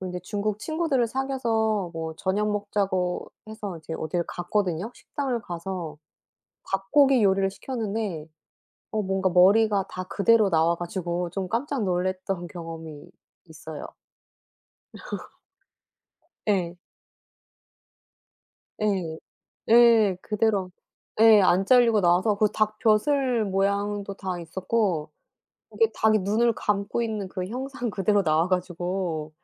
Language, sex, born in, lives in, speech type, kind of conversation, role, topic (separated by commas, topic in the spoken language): Korean, female, South Korea, South Korea, conversation, unstructured, none, 여행지에서 먹어 본 음식 중 가장 특이했던 것은 무엇인가요?